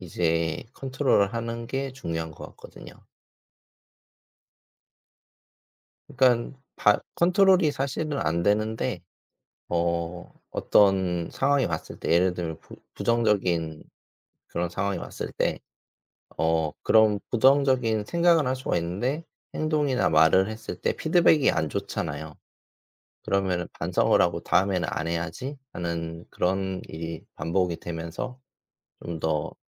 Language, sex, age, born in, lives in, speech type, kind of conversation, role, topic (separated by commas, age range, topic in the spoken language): Korean, male, 40-44, South Korea, South Korea, conversation, unstructured, none, 긍정적인 생각을 계속 유지하려면 어떻게 해야 할까요?